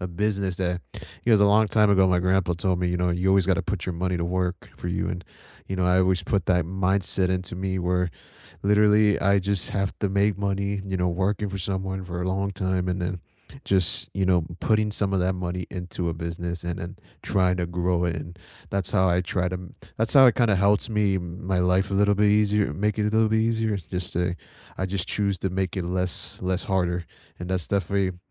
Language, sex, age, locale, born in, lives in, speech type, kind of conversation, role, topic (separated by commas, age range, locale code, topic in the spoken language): English, male, 20-24, en-US, United States, United States, conversation, unstructured, none, What small daily habit makes your day feel easier, and how did it become meaningful to you?